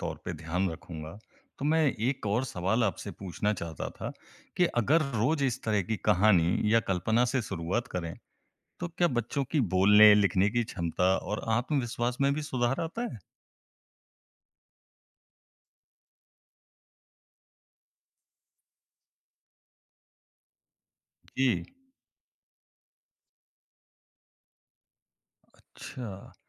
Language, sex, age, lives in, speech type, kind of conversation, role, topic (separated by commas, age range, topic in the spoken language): Hindi, male, 20-24, India, read, expert-assistant, asker, अगर आपके पास स्कूल में तकनीक लाने के लिए सिर्फ एक चीज़ चुनने का मौका हो, तो आप किसे सबसे ऊपर रखेंगे और क्यों?